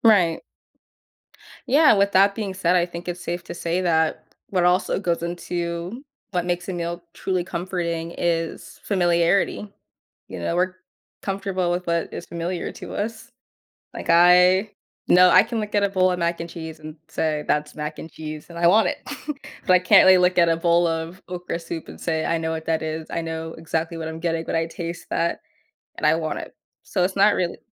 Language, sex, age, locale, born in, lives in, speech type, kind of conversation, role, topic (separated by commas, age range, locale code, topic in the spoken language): English, female, 20-24, en-US, United States, United States, conversation, podcast, guest, How do food and memories come together to create a sense of comfort?